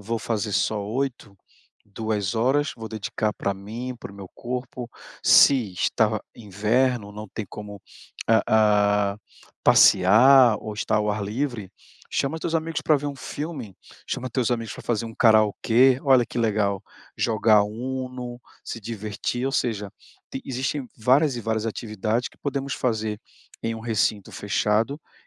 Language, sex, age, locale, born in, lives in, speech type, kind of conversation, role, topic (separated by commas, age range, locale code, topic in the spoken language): Portuguese, male, 40-44, pt-BR, Brazil, Portugal, conversation, advice, advisor, Como posso aproveitar meu tempo livre sem sentir tanta culpa?